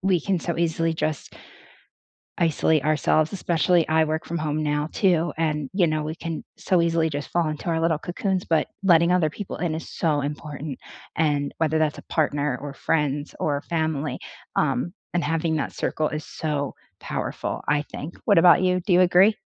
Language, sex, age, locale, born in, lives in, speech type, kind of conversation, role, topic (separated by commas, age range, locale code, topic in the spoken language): English, female, 25-29, en-US, United States, United States, conversation, unstructured, none, How could you redesign the way you keep up with friends so it feels easier and more joyful?